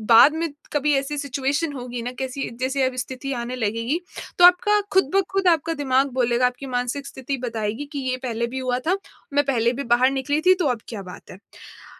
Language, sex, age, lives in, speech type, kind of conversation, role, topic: Hindi, female, 25-29, India, conversation, advice, advisor, मैं अपनी अंदर की आलोचनात्मक आवाज़ से कैसे दयालुता और समझदारी से निपटूँ?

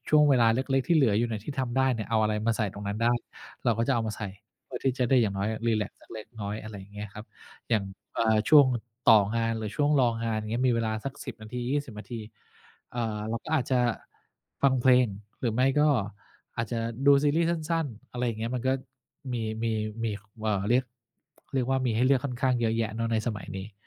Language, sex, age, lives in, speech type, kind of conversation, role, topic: Thai, male, 50-54, Thailand, conversation, podcast, guest, คุณมีวิธีจัดสรรเวลาให้กับงานอดิเรกอย่างไรบ้าง?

